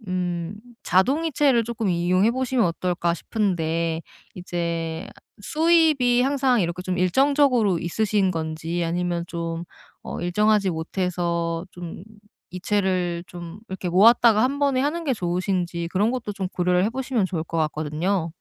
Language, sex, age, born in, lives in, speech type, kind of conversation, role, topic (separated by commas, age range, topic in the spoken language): Korean, female, 30-34, South Korea, South Korea, conversation, advice, advisor, 소비 유혹을 어떻게 관리해서 장기 저축을 늘릴 수 있을까요?